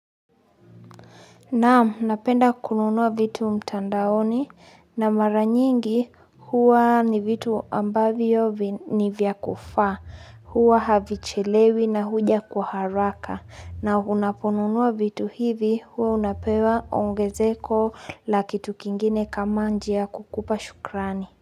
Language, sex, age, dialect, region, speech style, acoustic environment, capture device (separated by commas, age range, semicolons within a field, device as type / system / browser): Swahili, female, 25-34, Kenya - Nairobi Sheng-influenced, Kenya, happy, silence, mobile / Linux / Chrome